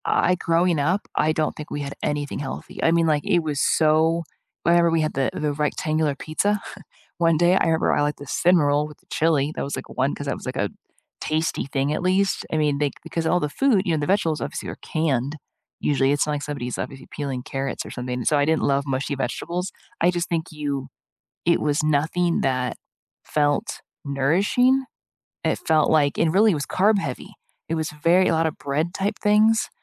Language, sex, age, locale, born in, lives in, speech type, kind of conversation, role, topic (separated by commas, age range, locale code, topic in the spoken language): English, female, 40-44, en-US, United States, United States, conversation, unstructured, none, Do you think food advertising targets kids unfairly?